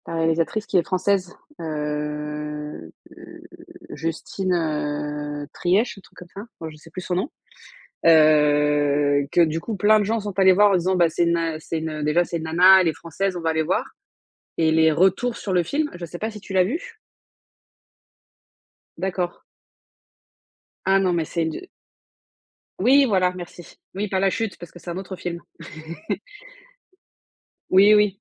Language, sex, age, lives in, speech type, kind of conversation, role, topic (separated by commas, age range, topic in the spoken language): French, female, 35-39, France, conversation, unstructured, none, Pourquoi certains films semblent-ils toujours manquer d’originalité ?